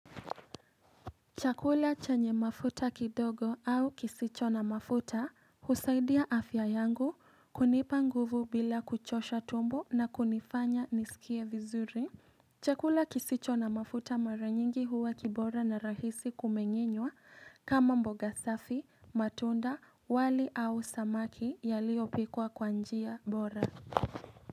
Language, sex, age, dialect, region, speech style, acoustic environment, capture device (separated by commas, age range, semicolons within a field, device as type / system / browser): Swahili, female, 18-24, Kenya - Nairobi Sheng-influenced, Kenya, happy; excited; relaxed, appliances, mobile / Linux / Chrome